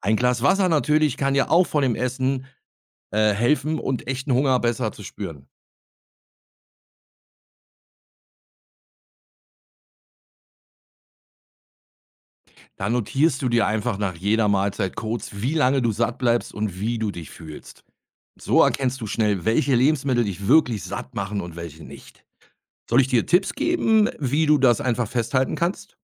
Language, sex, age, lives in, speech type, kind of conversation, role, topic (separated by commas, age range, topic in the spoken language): German, male, 50-54, Germany, read, expert-assistant, expert, Wie kann mir mein Körpergefühl helfen, gesündere Entscheidungen im Alltag zu treffen?